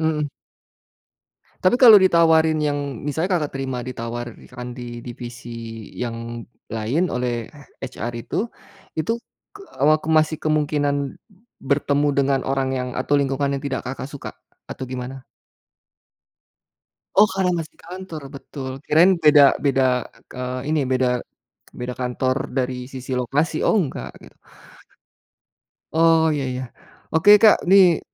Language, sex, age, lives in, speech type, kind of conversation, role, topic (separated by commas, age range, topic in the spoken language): Indonesian, male, 45-49, Indonesia, conversation, podcast, host, Apa keputusan terbesar yang pernah kamu ambil terkait pekerjaan?